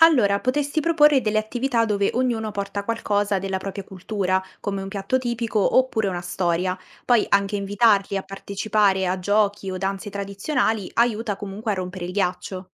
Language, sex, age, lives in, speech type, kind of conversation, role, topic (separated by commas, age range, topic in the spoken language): Italian, female, 18-19, Italy, read, expert-assistant, expert, Come si possono coinvolgere tutte le famiglie nelle feste scolastiche?